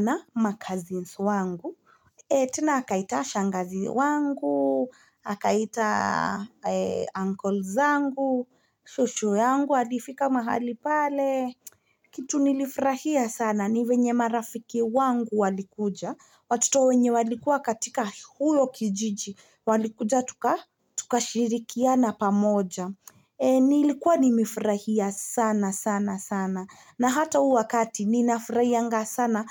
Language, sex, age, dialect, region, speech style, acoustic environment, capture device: Swahili, female, 25-34, Kenya - Nairobi Sheng-influenced, Kenya, relaxed, silence, mobile / Linux / Chrome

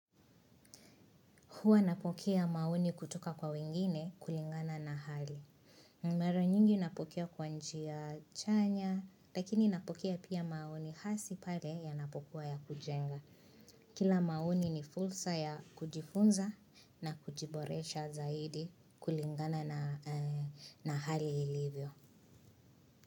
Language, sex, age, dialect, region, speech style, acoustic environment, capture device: Swahili, female, 25-34, Kenya - Nairobi Sheng-influenced, Kenya, happy, silence, mobile / Linux / Chrome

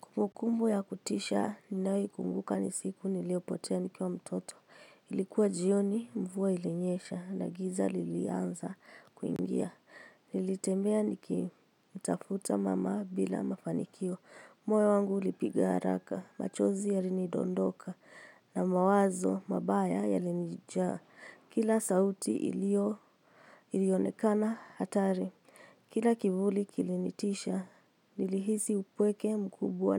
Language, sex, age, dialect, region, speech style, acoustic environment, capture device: Swahili, female, 25-34, Kenya - Nairobi Sheng-influenced, Kenya, happy; excited, silence, mobile / Linux / Chrome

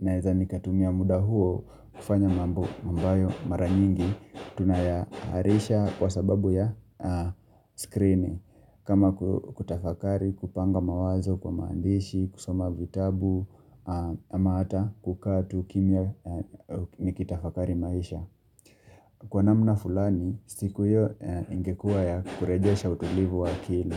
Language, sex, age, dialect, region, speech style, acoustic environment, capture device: Swahili, male, 25-34, Kenya - Mombasa, Kenya, relaxed, construction, mobile / Linux / Chrome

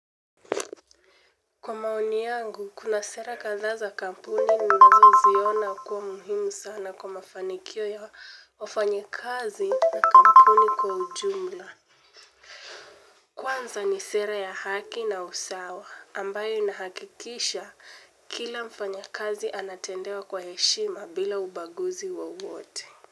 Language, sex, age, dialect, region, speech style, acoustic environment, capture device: Swahili, female, 18-24, Kenya - Mombasa, Kenya, focused, silence, mobile / Linux / Chrome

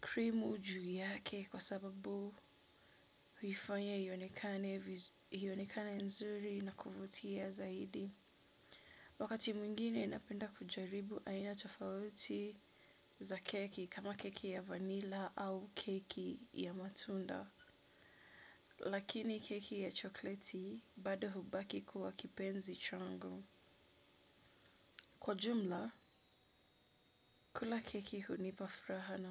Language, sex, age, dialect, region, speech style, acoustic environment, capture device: Swahili, female, 25-34, Kenya - Mombasa, Kenya, relaxed, nature; appliances, desktop / Windows / Edge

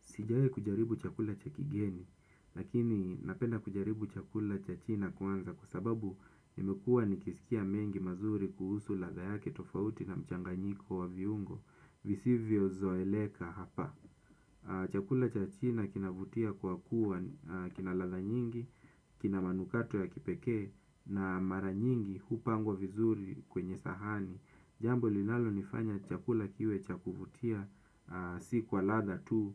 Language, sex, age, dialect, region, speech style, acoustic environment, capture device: Swahili, male, 25-34, Kenya - Mombasa, Kenya, nervous, silence, desktop / Windows / Chrome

